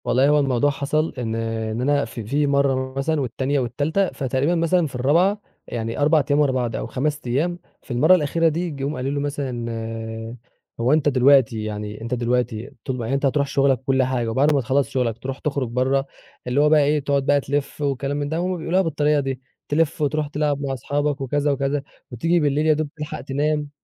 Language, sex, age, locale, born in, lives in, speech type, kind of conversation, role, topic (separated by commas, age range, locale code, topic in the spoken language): Arabic, male, 20-24, ar-EG, Egypt, Egypt, conversation, podcast, guest, إزاي بتنظم وقتك بين الشغل والعيلة؟